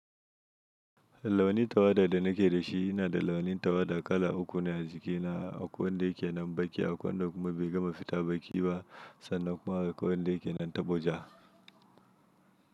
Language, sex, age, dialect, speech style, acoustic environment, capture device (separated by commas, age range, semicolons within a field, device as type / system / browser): Hausa, male, 25-34, Nigeria - Nigerian Standard, happy, silence, mobile / Linux / Chrome